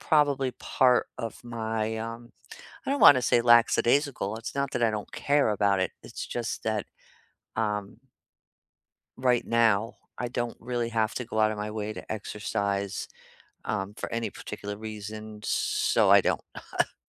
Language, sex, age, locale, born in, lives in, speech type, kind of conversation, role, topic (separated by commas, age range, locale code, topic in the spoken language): English, female, 55-59, en-US, United States, United States, conversation, unstructured, none, What are your favorite joyful ways to move your body?